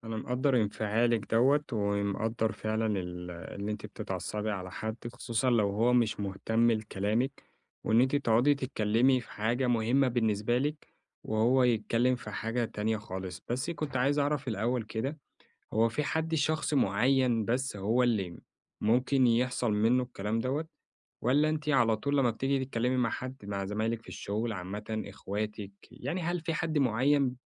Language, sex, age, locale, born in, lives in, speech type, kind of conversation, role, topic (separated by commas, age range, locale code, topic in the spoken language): Arabic, male, 25-29, ar-EG, Egypt, Egypt, conversation, advice, advisor, إزاي أسمع لحد باهتمام من غير ما أعصب أو أحكم عليه؟